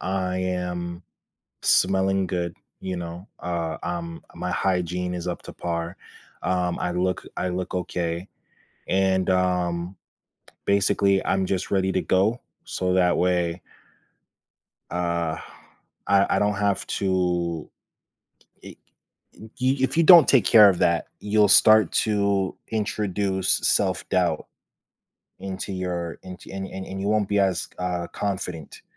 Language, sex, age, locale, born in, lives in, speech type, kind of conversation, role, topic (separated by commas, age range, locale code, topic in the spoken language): English, male, 25-29, en-US, United States, United States, conversation, podcast, guest, Why is self-care important for maintaining overall well-being in our daily lives?